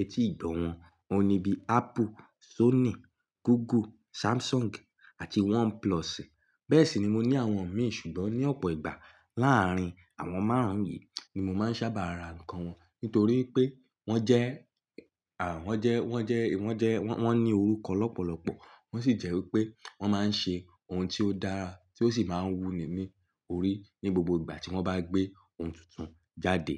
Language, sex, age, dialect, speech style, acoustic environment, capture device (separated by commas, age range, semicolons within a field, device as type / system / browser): Yoruba, male, 18-24, Nigeria - Ibadan, relaxed; focused, silence, mobile / Linux / Chrome